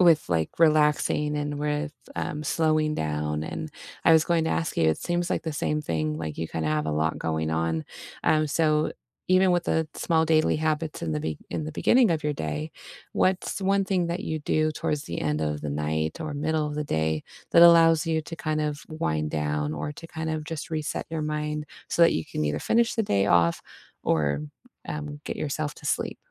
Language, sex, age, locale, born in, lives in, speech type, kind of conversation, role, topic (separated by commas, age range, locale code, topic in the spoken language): English, female, 30-34, en-US, United States, United States, conversation, unstructured, none, What small daily habit makes your day feel easier, and how did it become meaningful to you?